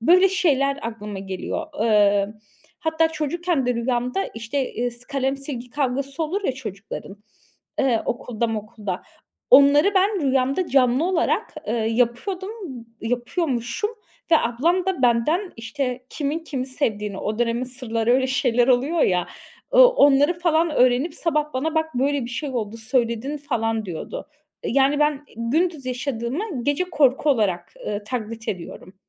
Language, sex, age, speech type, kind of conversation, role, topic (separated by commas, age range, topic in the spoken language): Turkish, female, 30-34, conversation, advice, user, Kabuslar veya uyku bozuklukları nedeniyle geceleri korku ve tükenmişlik hissediyor musunuz?